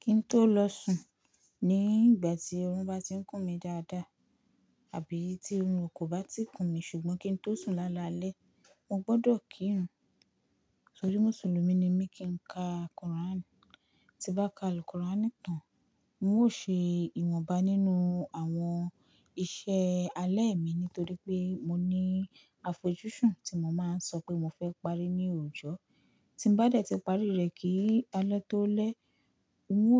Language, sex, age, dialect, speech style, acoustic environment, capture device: Yoruba, female, 18-24, Nigeria - Lagos Yoruba, relaxed; focused, silence; construction, mobile / Linux / Chrome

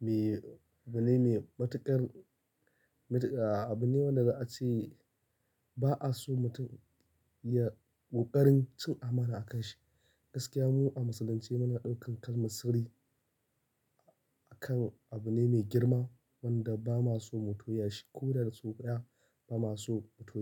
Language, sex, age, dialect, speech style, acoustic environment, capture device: Hausa, male, 25-34, Nigeria - Kano, happy; excited; focused, nature; silence, mobile / Linux / Chrome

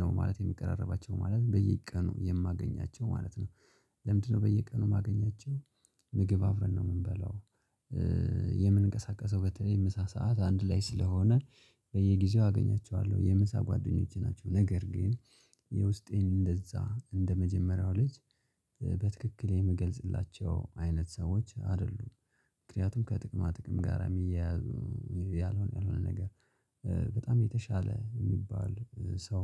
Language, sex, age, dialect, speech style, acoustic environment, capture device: Amharic, male, 25-34, Ethiopia - Gojjam, relaxed, nature, mobile / Linux / Chrome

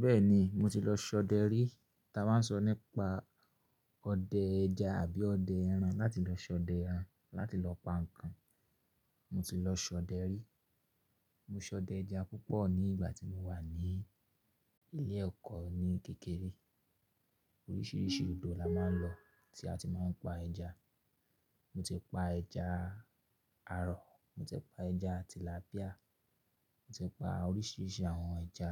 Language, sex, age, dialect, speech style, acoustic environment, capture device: Yoruba, male, 25-34, Nigeria - Ibadan, relaxed; focused, silence, mobile / Linux / Chrome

